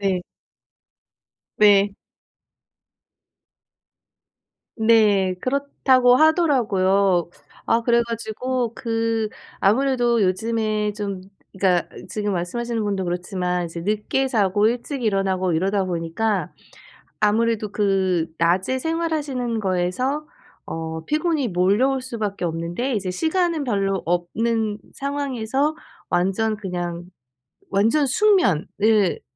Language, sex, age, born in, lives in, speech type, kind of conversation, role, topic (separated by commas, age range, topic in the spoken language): Korean, female, 40-44, South Korea, United States, conversation, advice, advisor, 짧은 낮잠이 집중력과 생산성을 높이는 데 도움이 될까요?